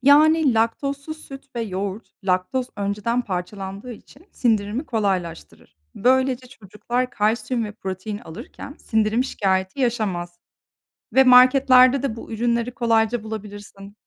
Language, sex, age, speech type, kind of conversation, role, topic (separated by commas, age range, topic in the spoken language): Turkish, female, 30-34, read, expert-assistant, expert, Süt ürünlerinin sağlıklı olup olmadığı konusunda herkesin söylediği şeyler doğru mu? Gerçekten zararlı mı, yoksa bu bir şehir efsanesi mi?